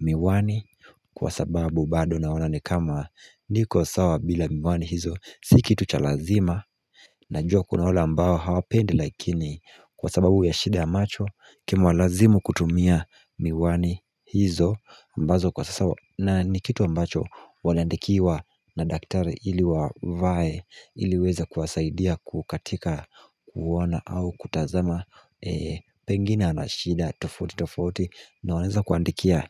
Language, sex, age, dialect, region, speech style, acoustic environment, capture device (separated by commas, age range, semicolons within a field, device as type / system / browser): Swahili, male, 18-24, Kenya - Mombasa, Kenya, focused, nature, mobile / Linux / Chrome